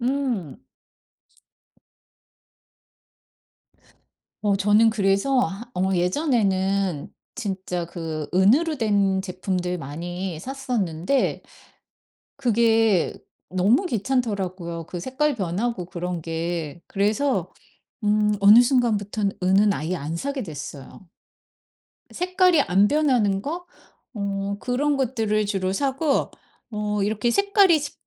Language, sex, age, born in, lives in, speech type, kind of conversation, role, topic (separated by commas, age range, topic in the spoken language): Korean, female, 50-54, South Korea, United States, conversation, podcast, guest, 악세서리는 최소한으로 하는 게 좋나요, 눈에 띄게 하는 게 좋나요?